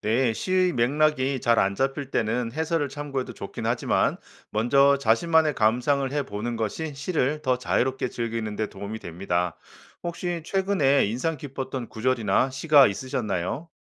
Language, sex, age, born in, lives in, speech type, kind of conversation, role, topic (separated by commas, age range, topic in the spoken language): Korean, male, 45-49, South Korea, United States, read, expert-assistant, expert, 시를 읽을 때 감정을 더 잘 느끼고 표현하는 방법이 있을까요?